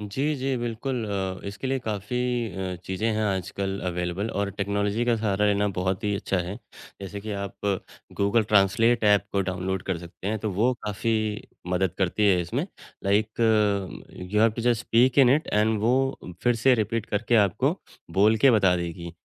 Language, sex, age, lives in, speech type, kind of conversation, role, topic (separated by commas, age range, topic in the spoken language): Hindi, male, 35-39, India, conversation, podcast, guest, Local bhaasha ya kuch zaroori jumle seekhne se tumhare safar ka anubhav kaise badla?